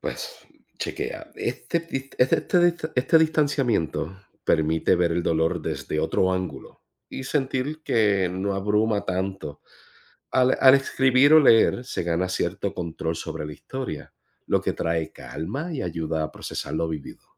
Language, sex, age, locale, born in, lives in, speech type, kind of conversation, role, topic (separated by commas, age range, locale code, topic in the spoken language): Spanish, male, 45-49, es-US, Puerto Rico, United States, read, expert-assistant, expert, ¿Cómo ayuda el arte, especialmente la poesía, a aliviar y comprender el dolor emocional?